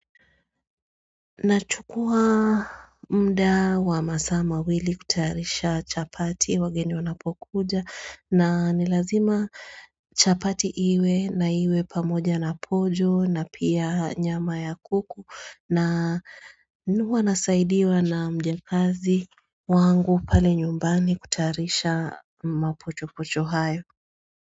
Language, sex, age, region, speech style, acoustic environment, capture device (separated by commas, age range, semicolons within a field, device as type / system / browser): Swahili, female, 35-44, Kenya, relaxed, silence, mobile / Android / Chrome